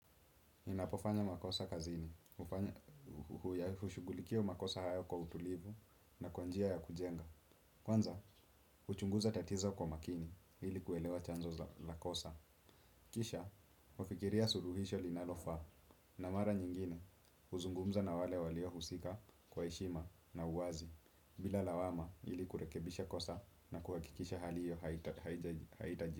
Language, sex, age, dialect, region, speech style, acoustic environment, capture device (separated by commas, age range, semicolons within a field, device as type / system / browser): Swahili, male, 18-24, Kenya - Nairobi Sheng-influenced, Kenya, focused, silence, desktop / Windows / Chrome